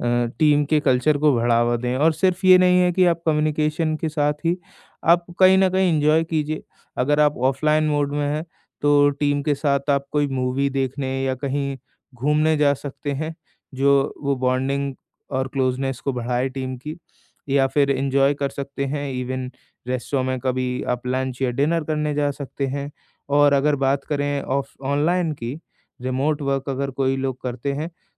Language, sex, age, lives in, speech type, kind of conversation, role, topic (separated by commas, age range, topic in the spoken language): Hindi, male, 20-24, India, conversation, podcast, guest, Tumhare hisaab se ek achchi team culture kaise banti hai?